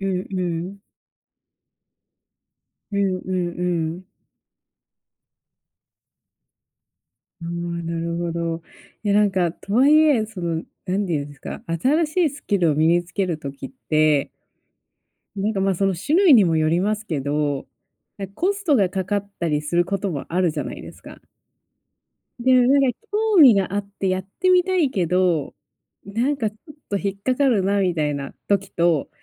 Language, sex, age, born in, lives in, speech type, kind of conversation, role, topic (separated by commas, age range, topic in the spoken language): Japanese, female, 30-34, Japan, United States, conversation, podcast, host, 新しい技能はどのように身につけていますか？